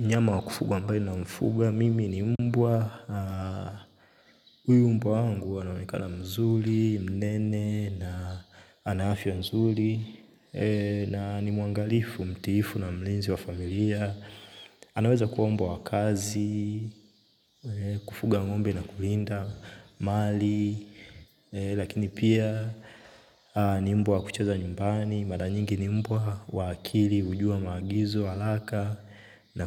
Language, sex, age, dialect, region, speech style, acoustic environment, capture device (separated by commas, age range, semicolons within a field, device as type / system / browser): Swahili, male, 25-34, Tanzania - Dar es Salaam, Tanzania, focused, silence, mobile / Linux / Chrome